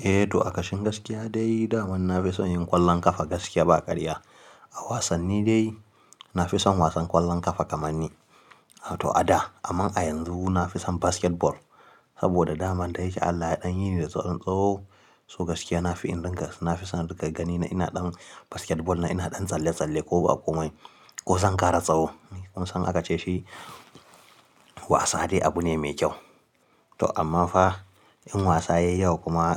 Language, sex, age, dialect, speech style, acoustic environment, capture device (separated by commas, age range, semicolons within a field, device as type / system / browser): Hausa, male, 18-24, Nigeria - Kano, happy, silence, mobile / Linux / Chrome